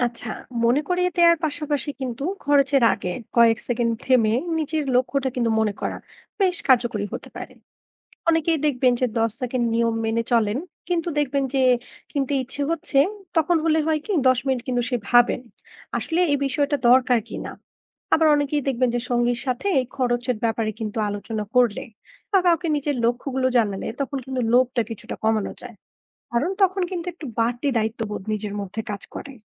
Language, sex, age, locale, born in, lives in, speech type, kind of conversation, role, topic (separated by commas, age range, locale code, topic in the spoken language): Bengali, female, 20-24, bn-BD, Bangladesh, Bangladesh, read, expert-assistant, expert, নিয়মিত সঞ্চয়ে বাধা কী এবং তা কিভাবে দূর করা যায়?